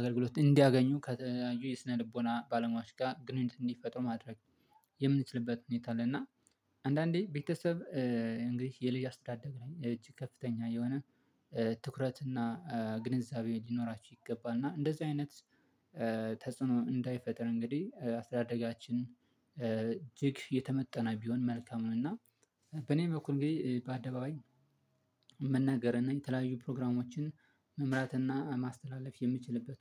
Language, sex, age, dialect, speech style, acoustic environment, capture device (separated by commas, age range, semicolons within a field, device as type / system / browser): Amharic, male, 25-34, Ethiopia - Addis Ababa, happy, silence, mobile / Linux / Chrome